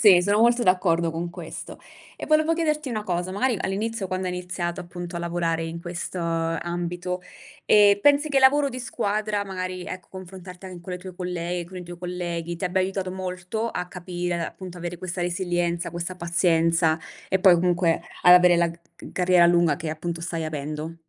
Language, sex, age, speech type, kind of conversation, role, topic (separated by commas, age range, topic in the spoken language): Italian, female, 30-34, conversation, podcast, host, Com’è una tua giornata tipo al lavoro, raccontata in modo semplice?